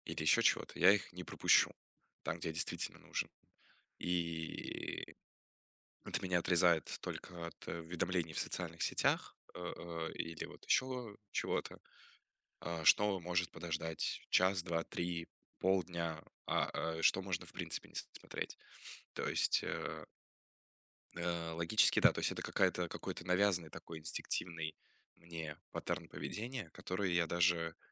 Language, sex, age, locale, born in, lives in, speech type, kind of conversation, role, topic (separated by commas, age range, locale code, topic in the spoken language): Russian, male, 20-24, ru-RU, Russia, Germany, conversation, advice, user, Как создать среду без цифрового шума для работы?